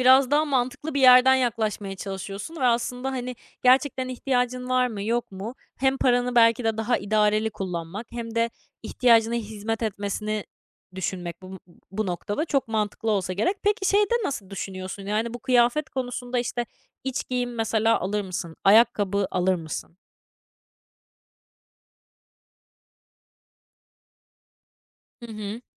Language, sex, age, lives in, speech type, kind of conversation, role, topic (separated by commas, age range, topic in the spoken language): Turkish, female, 20-24, France, conversation, podcast, host, Atık azaltmak için günlük hayatında hangi küçük alışkanlıkları benimsedin?